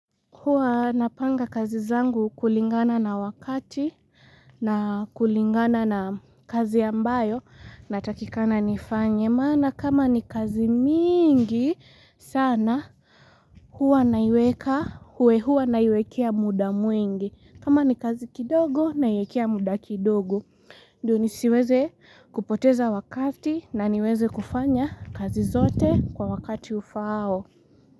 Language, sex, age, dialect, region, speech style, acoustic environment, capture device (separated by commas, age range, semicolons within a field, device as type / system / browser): Swahili, female, 18-24, Kenya - Mombasa, Kenya, relaxed, nature, mobile / Linux / Chrome